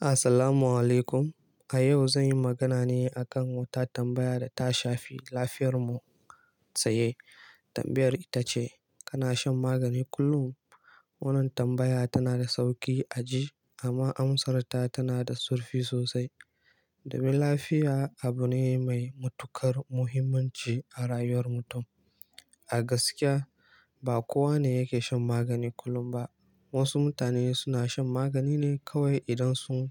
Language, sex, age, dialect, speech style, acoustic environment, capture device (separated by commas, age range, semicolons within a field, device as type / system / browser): Hausa, male, 18-24, Nigeria - Kano, nervous; excited, silence, mobile / Linux / Chrome